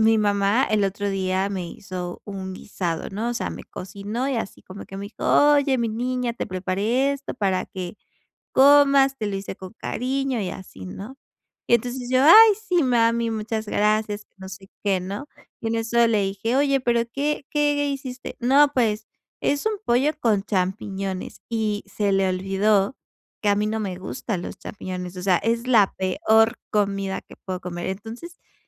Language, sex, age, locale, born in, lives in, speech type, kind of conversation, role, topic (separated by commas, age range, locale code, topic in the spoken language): Spanish, female, 25-29, es-MX, Mexico, Mexico, conversation, advice, user, ¿Cómo puedo evitar malentendidos por mis gestos y mi forma de hablar?